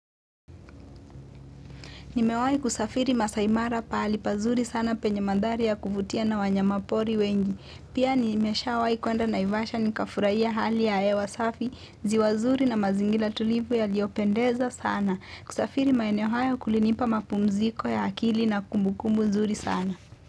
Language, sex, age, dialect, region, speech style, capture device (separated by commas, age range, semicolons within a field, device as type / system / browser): Swahili, female, 18-24, Kenya - Mombasa, Kenya, focused, mobile / Linux / Chrome